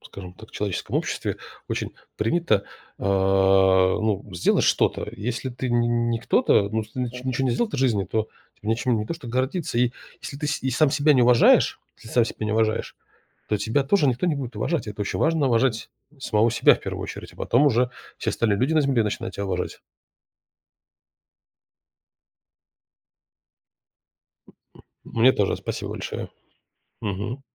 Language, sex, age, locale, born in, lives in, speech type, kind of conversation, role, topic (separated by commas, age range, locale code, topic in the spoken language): Russian, male, 35-39, ru-RU, Russia, United States, conversation, podcast, guest, Расскажи о встрече, которая изменила тебя?